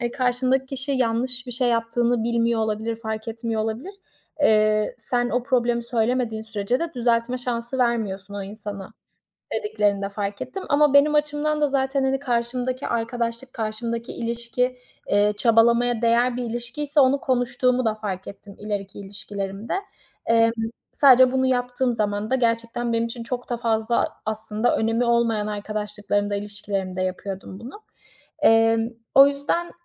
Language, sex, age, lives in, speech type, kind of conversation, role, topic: Turkish, female, 25-29, Italy, conversation, podcast, guest, İlişkiler sana kendin hakkında neler öğretti?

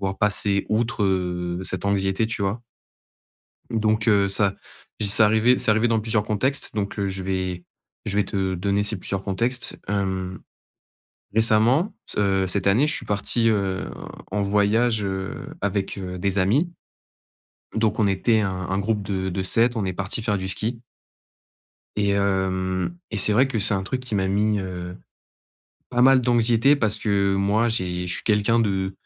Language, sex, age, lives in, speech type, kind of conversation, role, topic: French, male, 20-24, France, conversation, advice, user, Comment gérer mon anxiété avant et pendant un voyage ?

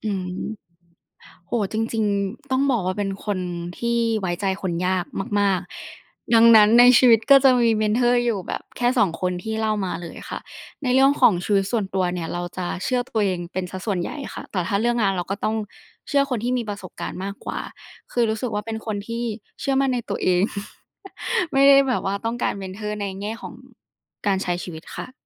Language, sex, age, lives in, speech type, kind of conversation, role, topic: Thai, female, 20-24, Thailand, conversation, podcast, guest, ครูกับพี่เลี้ยงต่างกันอย่างไรในความเห็นของคุณ?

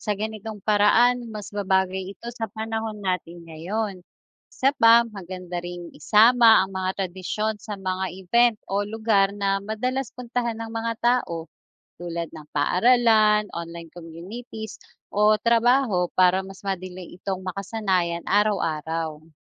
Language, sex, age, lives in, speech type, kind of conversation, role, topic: Filipino, female, 40-44, Philippines, read, expert-assistant, expert, Bakit mahalaga ang pagdiriwang ng mga tradisyon at kultura?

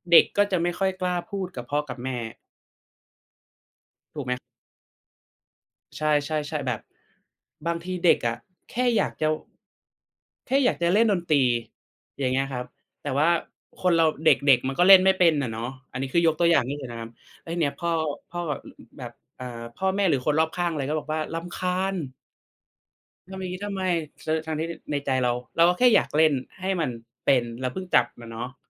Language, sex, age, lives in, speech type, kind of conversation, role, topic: Thai, male, 25-29, Thailand, conversation, unstructured, none, คุณคิดว่าการสื่อสารอย่างเปิดใจช่วยให้ความรักดีขึ้นไหม?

